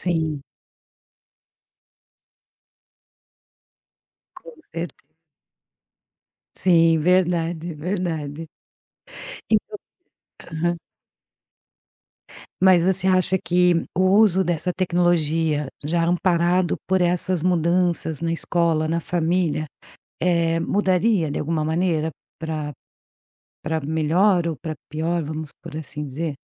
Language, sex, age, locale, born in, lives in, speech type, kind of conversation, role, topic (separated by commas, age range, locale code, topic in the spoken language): Portuguese, female, 45-49, pt-BR, Brazil, Portugal, conversation, podcast, host, Como você imagina a comunidade ideal daqui a dez anos?